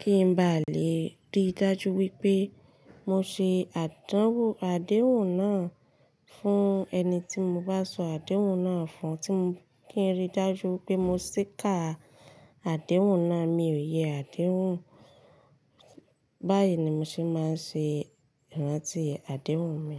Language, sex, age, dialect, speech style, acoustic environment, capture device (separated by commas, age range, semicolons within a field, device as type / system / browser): Yoruba, female, 25-34, Nigeria - Ibadan, relaxed, silence, mobile / Linux / Chrome